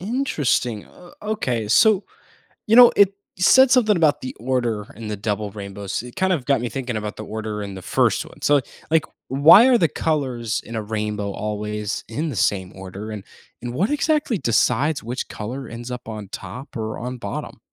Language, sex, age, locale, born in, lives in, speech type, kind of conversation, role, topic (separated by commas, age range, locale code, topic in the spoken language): English, male, 18-19, en-US, United States, United States, read, expert-assistant, asker, How does a rainbow form after it rains?